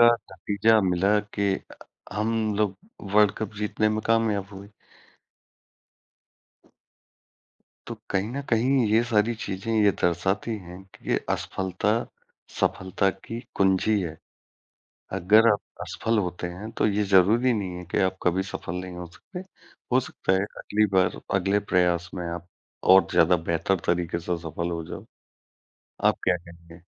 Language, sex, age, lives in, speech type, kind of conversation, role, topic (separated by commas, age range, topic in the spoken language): Hindi, male, 40-44, India, conversation, unstructured, none, क्या आपको लगता है कि पढ़ाई में असफल होना भी सीखने की प्रक्रिया का हिस्सा है?